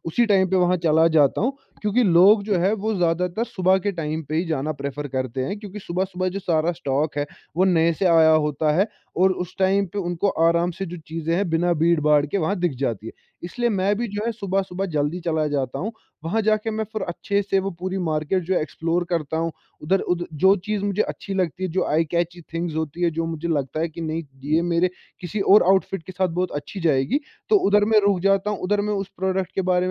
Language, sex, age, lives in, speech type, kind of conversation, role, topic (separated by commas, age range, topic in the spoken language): Hindi, male, 20-24, India, conversation, podcast, guest, Thrift shopping tumhe itni pasand kyun aati hai?